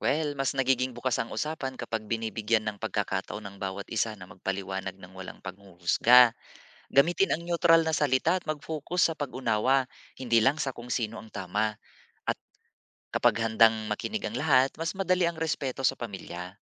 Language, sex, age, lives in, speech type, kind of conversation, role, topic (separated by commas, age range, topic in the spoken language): Filipino, male, 40-44, Philippines, read, expert-assistant, expert, Ano ang mga magandang epekto at posibleng problema ng pagkalat ng balita sa social media kumpara sa tradisyonal na pamamahayag?